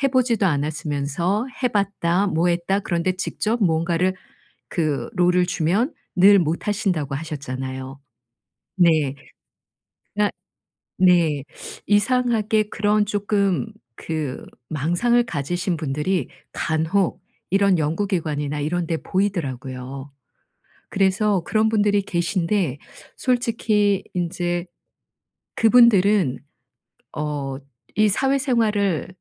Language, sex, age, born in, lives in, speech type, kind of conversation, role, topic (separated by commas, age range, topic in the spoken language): Korean, female, 55-59, South Korea, South Korea, conversation, advice, advisor, 직장에서 생긴 갈등을 평화롭게 해결하려면 어떻게 소통해야 하나요?